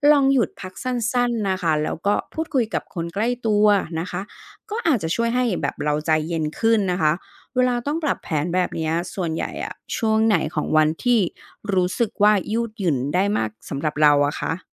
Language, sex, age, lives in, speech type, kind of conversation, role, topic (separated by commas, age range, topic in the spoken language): Thai, female, 40-44, Thailand, read, expert-assistant, expert, จะดูแลบ้านและสวนอย่างไรไม่ให้เครียด?